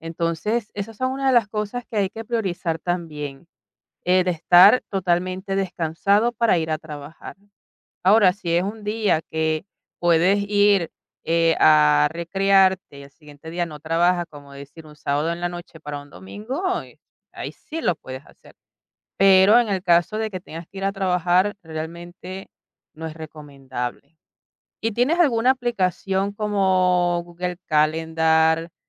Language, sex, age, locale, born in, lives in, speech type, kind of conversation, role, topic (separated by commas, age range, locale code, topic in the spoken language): Spanish, female, 45-49, es-VE, Venezuela, Italy, conversation, podcast, host, ¿Cómo priorizas tareas cuando todo parece urgente?